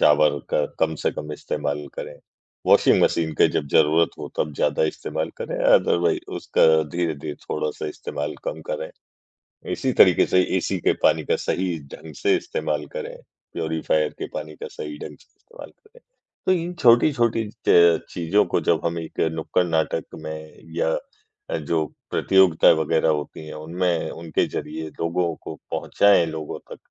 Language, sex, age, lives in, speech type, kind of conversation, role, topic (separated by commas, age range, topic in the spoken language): Hindi, male, 40-44, India, conversation, podcast, guest, जल की बचत के लिए आपकी सबसे प्रभावी सलाह क्या है?